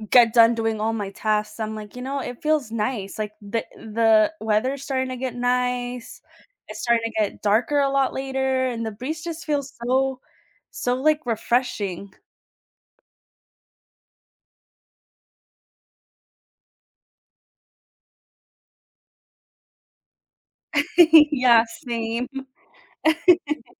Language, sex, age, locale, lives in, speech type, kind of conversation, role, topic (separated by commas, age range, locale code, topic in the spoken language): English, female, 30-34, en-US, United States, conversation, unstructured, none, How do you find balance and relaxation after a busy day?